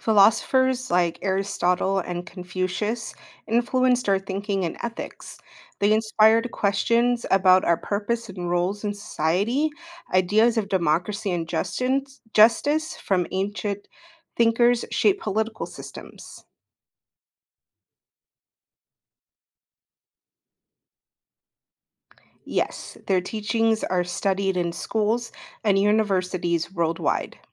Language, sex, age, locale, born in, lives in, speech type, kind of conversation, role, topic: English, female, 30-34, en-US, United States, United States, read, expert-assistant, expert, How do ancient civilizations influence modern societies?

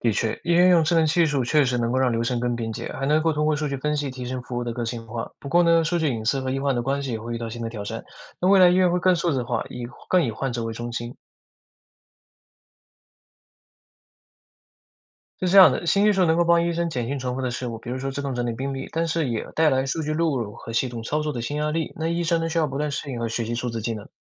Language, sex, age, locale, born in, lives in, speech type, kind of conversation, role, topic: Chinese, male, 20-24, zh-CN, China, United States, read, expert-assistant, expert, 你觉得未来的医院管理会有哪些有趣的变化？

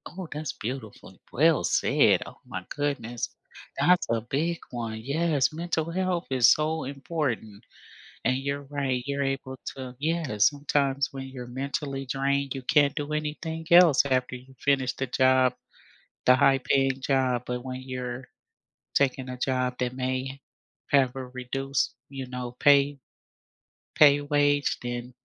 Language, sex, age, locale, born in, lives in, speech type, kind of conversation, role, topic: English, female, 55-59, en-US, United States, United States, conversation, unstructured, none, Would you rather work for a company with a strong mission but low pay, or for one that is profitable but lacks purpose?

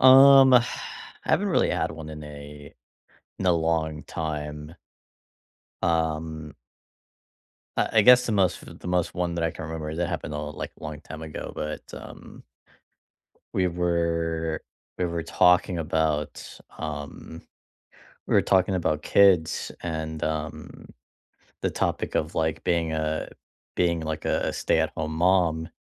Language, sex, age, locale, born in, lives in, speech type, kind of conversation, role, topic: English, male, 25-29, en-US, United States, United States, conversation, unstructured, none, What actions, words, or rituals help you reconnect after a disagreement, and why do they deepen your connection?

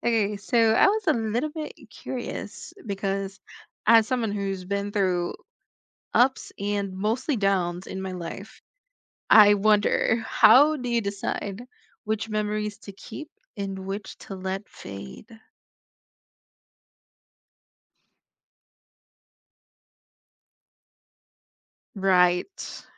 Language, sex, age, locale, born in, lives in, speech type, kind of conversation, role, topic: English, female, 20-24, en-US, Philippines, United States, conversation, unstructured, none, How do you decide which memories to keep and which to let fade?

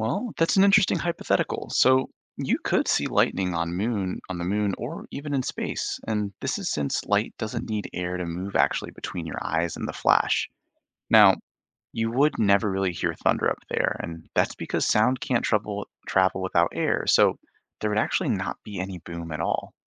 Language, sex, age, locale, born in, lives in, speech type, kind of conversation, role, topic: English, male, 25-29, en-US, Canada, United States, read, expert-assistant, expert, Why is lightning seen before thunder is heard?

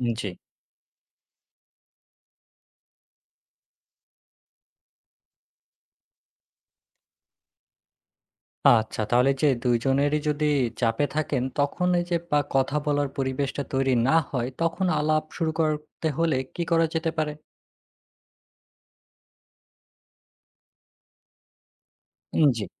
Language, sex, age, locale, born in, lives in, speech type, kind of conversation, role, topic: Bengali, male, 20-24, bn-BD, Bangladesh, Bangladesh, read, expert-assistant, asker, দাম্পত্য বা বন্ধুত্বের মধ্যে ভুল বোঝাবুঝি কমানোর জন্য কী কী সৃজনশীল যোগাযোগ কৌশল ব্যবহার করা যেতে পারে?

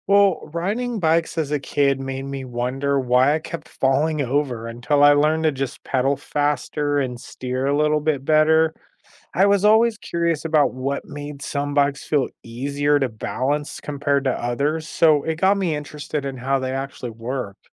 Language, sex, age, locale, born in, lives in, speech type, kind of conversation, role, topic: English, male, 35-39, en-US, United States, United States, read, expert-assistant, asker, How does a bicycle stay balanced while moving?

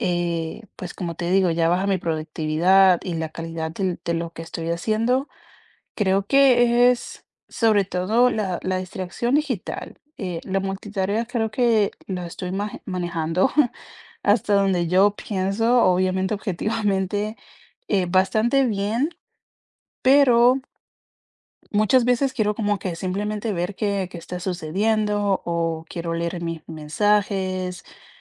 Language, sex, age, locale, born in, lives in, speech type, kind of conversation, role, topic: Spanish, female, 25-29, es-CO, Colombia, United States, conversation, advice, user, ¿Cómo afectan la multitarea y las distracciones digitales a tu productividad y a la calidad de tu trabajo?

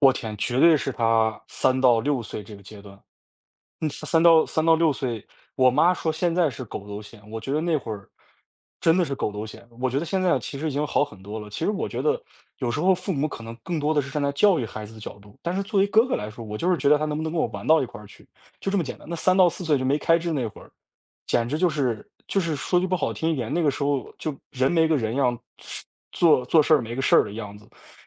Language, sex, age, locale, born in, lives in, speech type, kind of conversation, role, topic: Chinese, male, 20-24, zh-CN, China, United States, conversation, podcast, guest, 一次家庭变动带给你哪些成长？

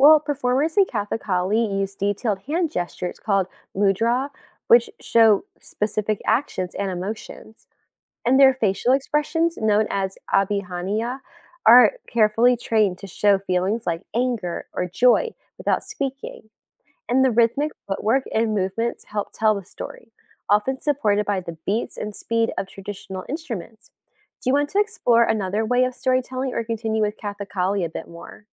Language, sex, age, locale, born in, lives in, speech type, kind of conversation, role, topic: English, female, 20-24, en-US, United States, United States, read, expert-assistant, expert, What are some traditional methods of storytelling around the world?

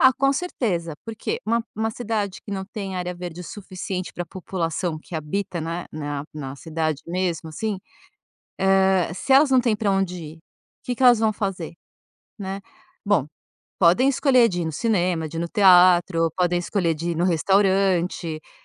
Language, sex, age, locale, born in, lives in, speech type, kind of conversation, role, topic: Portuguese, female, 50-54, pt-BR, Brazil, France, conversation, podcast, guest, Por que, na sua opinião, as cidades precisam de mais áreas verdes?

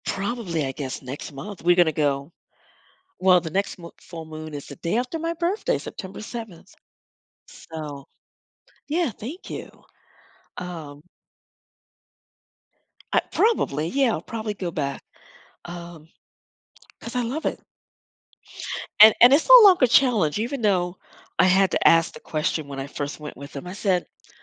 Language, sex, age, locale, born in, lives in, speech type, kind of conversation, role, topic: English, female, 55-59, en-US, United States, United States, conversation, advice, user, How can I build on small successes to boost my confidence?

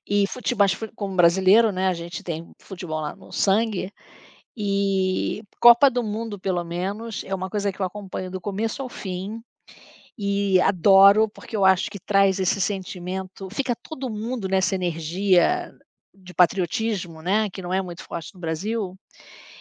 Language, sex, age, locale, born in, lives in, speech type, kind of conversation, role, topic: Portuguese, female, 60-64, pt-BR, Brazil, United States, conversation, unstructured, none, Qual é sua lembrança favorita relacionada a esportes?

